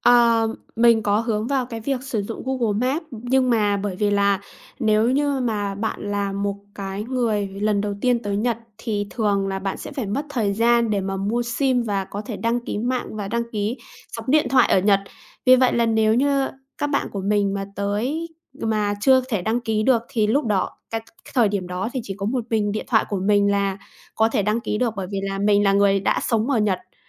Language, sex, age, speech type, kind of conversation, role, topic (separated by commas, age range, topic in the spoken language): Vietnamese, female, 25-29, conversation, podcast, guest, Bạn có thể kể về một lần bạn bị lạc khi đi du lịch không?